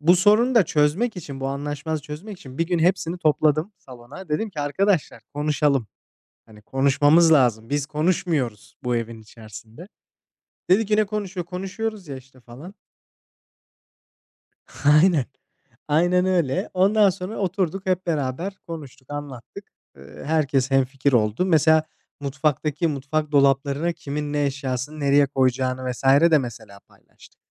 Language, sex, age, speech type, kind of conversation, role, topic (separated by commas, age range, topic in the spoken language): Turkish, male, 25-29, conversation, unstructured, none, Anlaşmazlıkları genellikle nasıl çözersin?